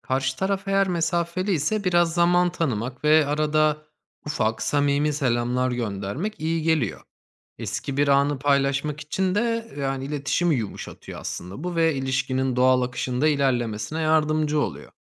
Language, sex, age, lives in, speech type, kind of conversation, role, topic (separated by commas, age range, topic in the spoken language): Turkish, male, 30-34, Germany, read, expert-assistant, expert, Zamanla değişen hayat koşullarında eski arkadaşlıkları canlı tutmak ve yeni dostluklar kurmak için nelere dikkat etmeliyim?